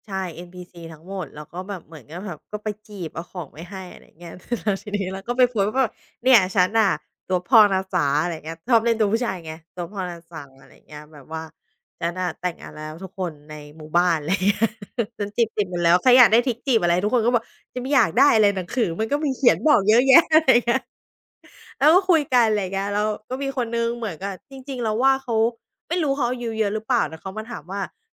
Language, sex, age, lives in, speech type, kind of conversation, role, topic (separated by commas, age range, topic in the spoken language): Thai, female, 30-34, Thailand, conversation, podcast, guest, งานอดิเรกช่วยให้คุณได้เจอเพื่อนใหม่ไหม?